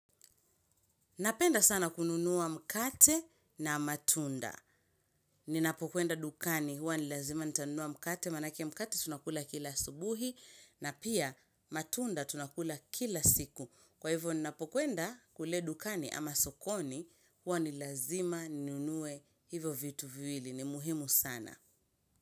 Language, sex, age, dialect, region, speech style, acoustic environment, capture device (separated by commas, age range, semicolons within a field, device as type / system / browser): Swahili, female, 25-34, Kenya - Mombasa, Kenya, relaxed; happy, silence, mobile / Linux / Chrome